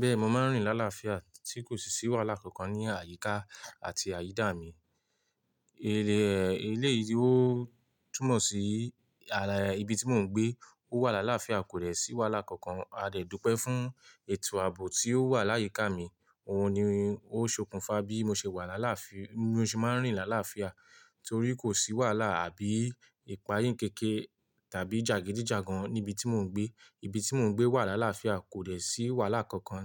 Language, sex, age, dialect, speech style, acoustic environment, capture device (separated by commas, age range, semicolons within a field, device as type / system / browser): Yoruba, male, 18-24, Nigeria - Ibadan, relaxed; happy, silence, mobile / Linux / Chrome